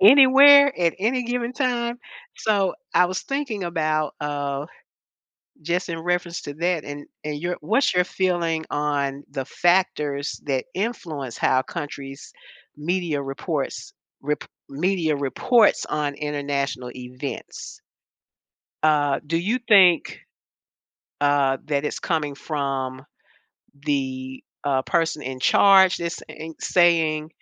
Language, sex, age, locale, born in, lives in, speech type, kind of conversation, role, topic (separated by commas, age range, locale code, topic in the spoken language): English, female, 70-74, en-US, United States, United States, conversation, podcast, host, Why do news stories about the same event look so different around the world?